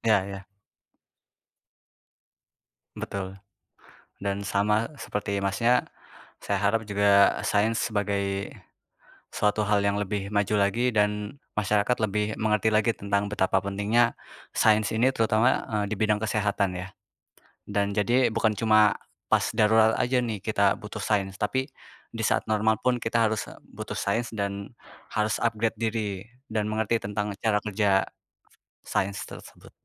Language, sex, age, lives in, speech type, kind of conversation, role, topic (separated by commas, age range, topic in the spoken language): Indonesian, male, 20-24, Indonesia, conversation, unstructured, none, Apa peran sains dalam mengatasi pandemi?